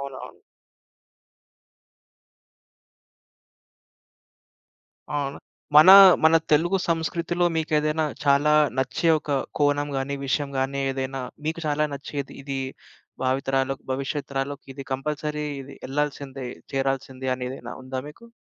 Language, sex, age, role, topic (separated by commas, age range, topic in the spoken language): Telugu, male, 25-29, host, భవిష్యత్తు తరాలకు మన సంస్కృతి కోల్పోకుండా ఎలా బదిలీ చేయగలం?